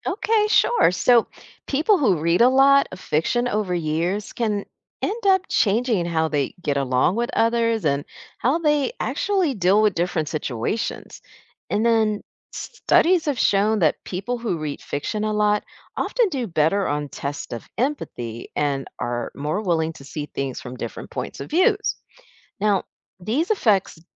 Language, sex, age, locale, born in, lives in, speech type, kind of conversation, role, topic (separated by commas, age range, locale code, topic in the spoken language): English, female, 50-54, en-US, United States, United States, read, expert-assistant, expert, How does reading fiction influence your imagination and empathy?